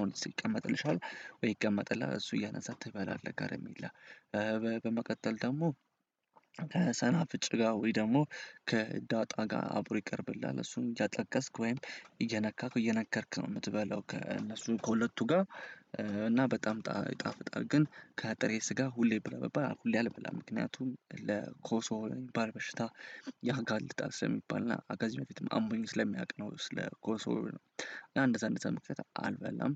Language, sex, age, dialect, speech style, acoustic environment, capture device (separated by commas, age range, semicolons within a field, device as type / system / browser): Amharic, male, 18-24, Ethiopia - Addis Ababa, happy; excited, silence, desktop / Windows / Chrome